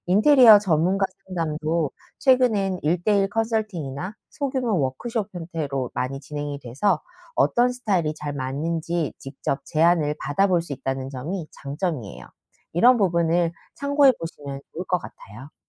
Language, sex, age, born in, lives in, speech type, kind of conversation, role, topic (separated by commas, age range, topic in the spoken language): Korean, female, 40-44, South Korea, United States, read, expert-assistant, expert, 집을 아늑하게 꾸미려면 어떤 인테리어 팁이 효과적일까요?